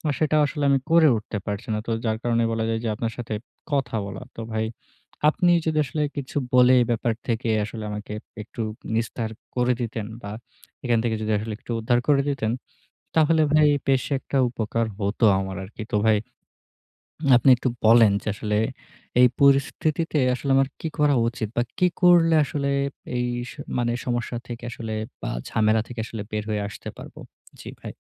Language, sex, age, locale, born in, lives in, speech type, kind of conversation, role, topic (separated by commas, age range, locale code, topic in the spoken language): Bengali, male, 20-24, bn-BD, Bangladesh, Bangladesh, conversation, advice, user, ছুটির পরিকল্পনায় সময়সীমা ঠিক করে কীভাবে কম ঝামেলায় সব গুছিয়ে নিতে পারি?